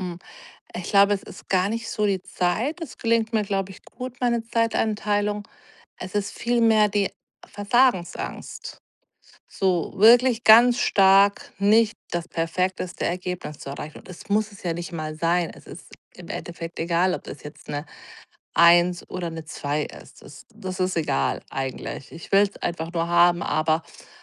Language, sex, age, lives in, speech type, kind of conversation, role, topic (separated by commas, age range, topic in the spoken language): German, female, 40-44, Germany, conversation, advice, user, Wie kann ich den Perfektionsdruck loslassen und einfach anfangen?